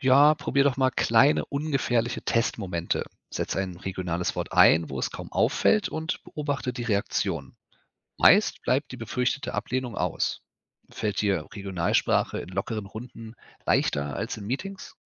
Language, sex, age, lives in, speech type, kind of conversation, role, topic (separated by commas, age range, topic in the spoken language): German, male, 35-39, Germany, read, expert-assistant, expert, Welche kleinen Veränderungen im Alltag machen einen Unterschied für den Erhalt einer Sprache?